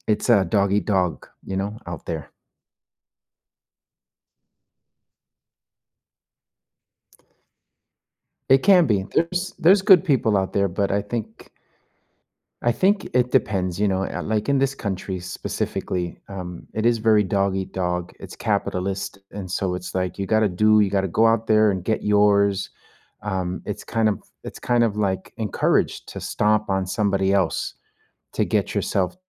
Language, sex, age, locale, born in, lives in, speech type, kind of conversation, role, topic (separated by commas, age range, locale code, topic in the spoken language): English, male, 45-49, en-US, United States, United States, conversation, unstructured, none, What is your perspective on workplace discrimination?